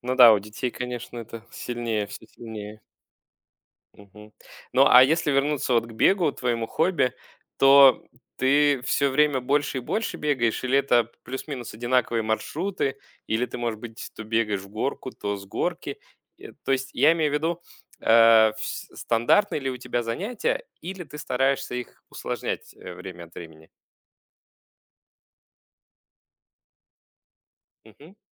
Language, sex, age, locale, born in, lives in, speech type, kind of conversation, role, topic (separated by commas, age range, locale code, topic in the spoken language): Russian, male, 35-39, ru-UA, Russia, Germany, conversation, podcast, host, Как хобби влияет на твою повседневную жизнь?